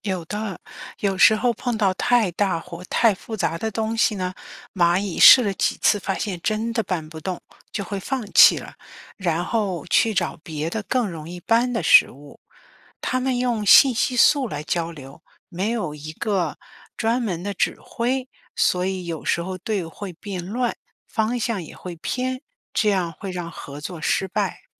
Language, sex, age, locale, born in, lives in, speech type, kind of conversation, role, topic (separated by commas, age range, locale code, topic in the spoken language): Chinese, female, 55-59, zh-CN, China, United States, read, expert-assistant, expert, 为什么蚂蚁能搬动比自己重很多的东西？